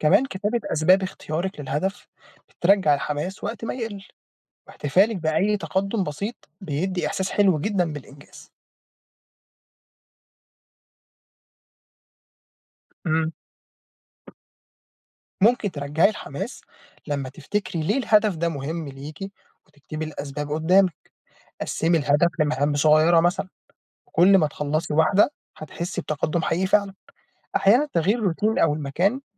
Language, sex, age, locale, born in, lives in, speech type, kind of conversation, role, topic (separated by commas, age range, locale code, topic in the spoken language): Arabic, male, 20-24, ar-EG, Egypt, Egypt, read, expert-assistant, expert, سمعت إن الناس اللي بتحكي أهدافها للناس أقل حماس لتحقيقها، هل المعلومة دي صح؟